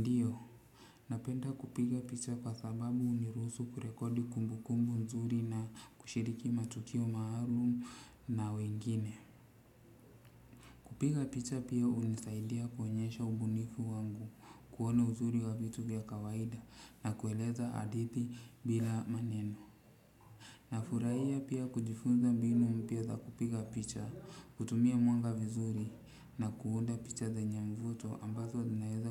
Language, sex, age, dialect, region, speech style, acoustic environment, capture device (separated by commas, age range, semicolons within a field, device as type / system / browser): Swahili, male, 35-44, Kenya - Mombasa, Kenya, relaxed, silence, desktop / Windows / Chrome